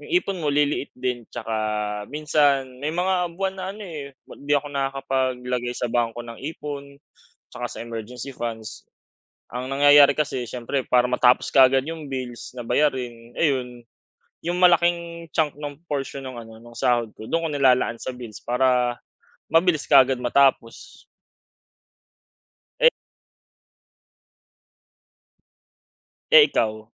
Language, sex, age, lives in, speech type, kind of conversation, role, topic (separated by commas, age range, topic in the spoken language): Filipino, male, 25-29, Philippines, conversation, unstructured, none, Paano ka nagsimula sa pag-iipon ng pera?